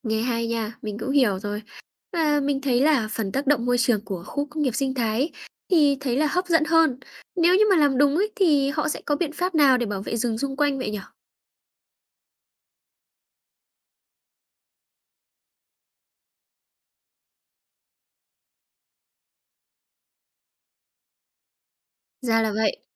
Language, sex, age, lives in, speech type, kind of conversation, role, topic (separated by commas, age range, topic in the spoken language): Vietnamese, female, 20-24, Vietnam, read, expert-assistant, asker, Bảo tồn các khu rừng nguyên sinh: Lợi ích lâu dài so với áp lực phát triển kinh tế?